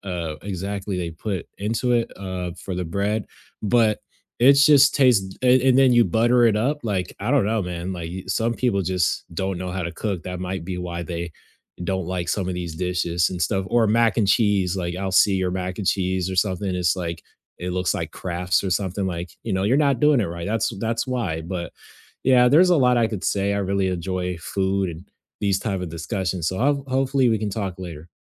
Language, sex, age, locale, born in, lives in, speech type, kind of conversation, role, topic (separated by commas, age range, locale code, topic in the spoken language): English, male, 20-24, en-US, United States, United States, conversation, unstructured, none, How can food tell a story about a culture?